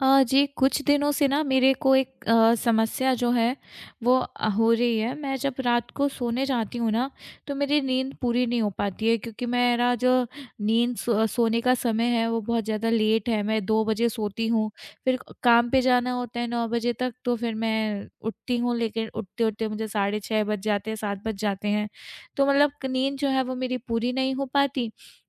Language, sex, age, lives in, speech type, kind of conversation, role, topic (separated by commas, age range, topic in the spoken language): Hindi, female, 25-29, India, conversation, advice, user, नींद की कमी से चिड़चिड़ापन और मनोदशा में अस्थिरता क्यों होती है?